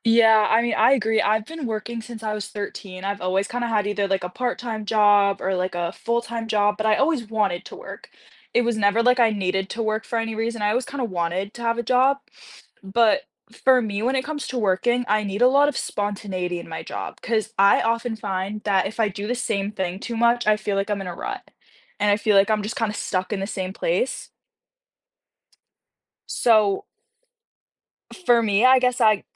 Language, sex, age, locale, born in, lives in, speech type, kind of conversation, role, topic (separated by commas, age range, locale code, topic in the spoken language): English, female, 18-19, en-US, United States, United States, conversation, unstructured, none, Would you rather prioritize work-life balance or career advancement?